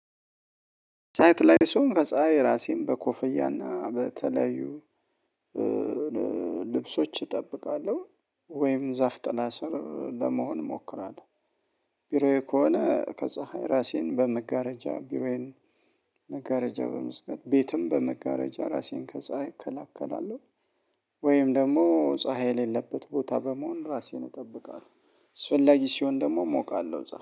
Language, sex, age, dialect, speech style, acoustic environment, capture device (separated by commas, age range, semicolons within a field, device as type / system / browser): Amharic, male, 35-44, Ethiopia - Addis Ababa, happy, silence, mobile / Linux / Chrome